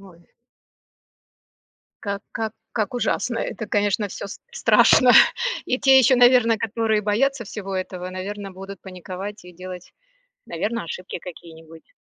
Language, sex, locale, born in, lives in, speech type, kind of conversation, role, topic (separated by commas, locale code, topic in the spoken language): Russian, female, ru-RU, Russia, United States, conversation, podcast, host, Какие простые советы ты бы честно дал новичку?